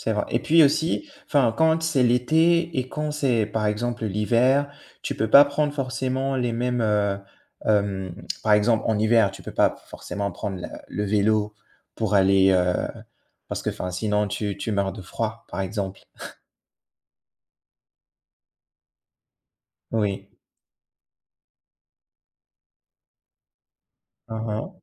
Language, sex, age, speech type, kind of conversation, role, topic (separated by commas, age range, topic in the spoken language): French, male, 25-29, conversation, unstructured, none, Que pensez-vous de la pollution dans nos villes aujourd’hui ?